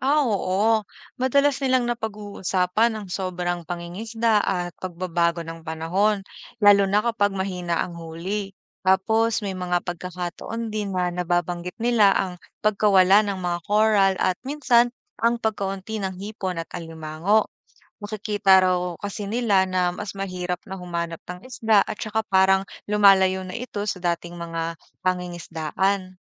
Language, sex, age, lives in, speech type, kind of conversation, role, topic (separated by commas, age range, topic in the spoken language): Filipino, female, 25-29, Philippines, read, expert-assistant, asker, Paano nakakaapekto ang basura sa dagat sa mga isda?